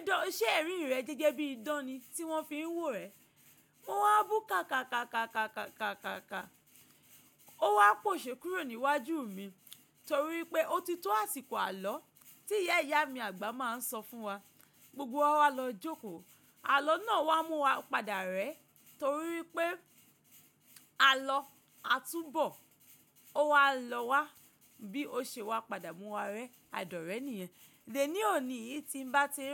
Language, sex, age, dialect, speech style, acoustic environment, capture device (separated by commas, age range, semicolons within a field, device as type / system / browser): Yoruba, female, 25-34, Nigeria - Ibadan, focused, nature, mobile / macOS / Safari